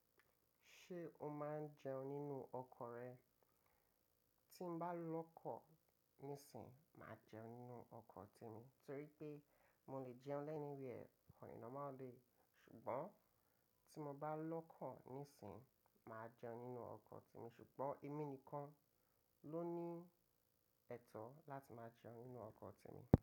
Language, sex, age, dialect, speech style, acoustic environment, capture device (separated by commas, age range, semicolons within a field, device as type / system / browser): Yoruba, male, 25-34, Nigeria - Lagos Yoruba, relaxed, construction, mobile / macOS / Safari